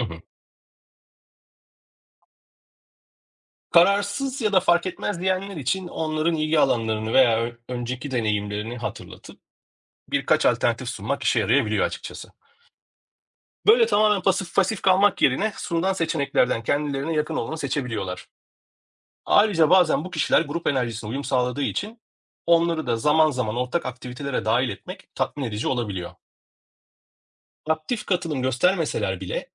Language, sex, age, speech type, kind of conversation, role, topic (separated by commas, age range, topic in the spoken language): Turkish, male, 40-44, read, expert-assistant, expert, Grup tatilleri daha adil ve esnek bir şekilde nasıl planlanabilir?